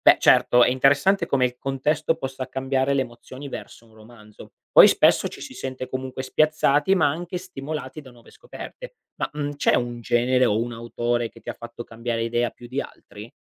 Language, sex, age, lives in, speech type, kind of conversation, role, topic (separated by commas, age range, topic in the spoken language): Italian, male, 25-29, Italy, read, expert-assistant, expert, Cosa può andare storto quando cerchiamo di capire il messaggio di un romanzo famoso?